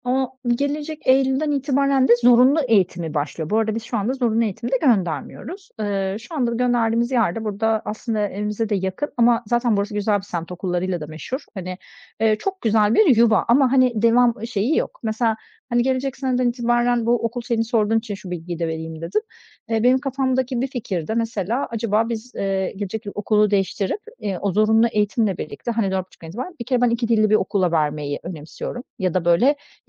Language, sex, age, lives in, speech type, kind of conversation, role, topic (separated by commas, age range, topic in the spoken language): Turkish, female, 40-44, Greece, conversation, advice, user, Çocuk yetiştirme konusunda ebeveynler arasındaki fikir ayrılığını nasıl yönetebilirsiniz?